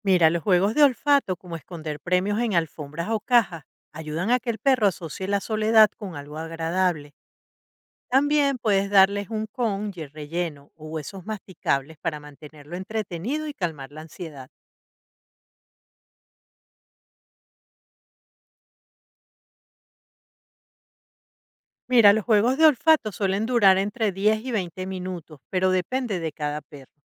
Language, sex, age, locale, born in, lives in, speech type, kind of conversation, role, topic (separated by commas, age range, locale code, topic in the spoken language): Spanish, female, 55-59, es-VE, Venezuela, United States, read, expert-assistant, expert, ¿Cuáles son las estrategias más efectivas para corregir comportamientos no deseados en mascotas sin usar castigos?